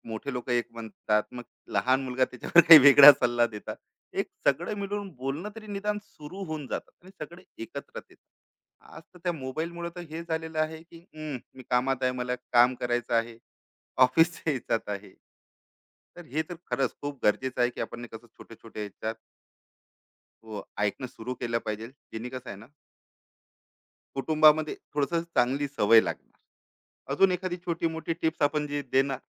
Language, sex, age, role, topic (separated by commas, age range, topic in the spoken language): Marathi, male, 35-39, host, ऐकून घेण्याची सवय कुटुंबात कशी लावता येईल?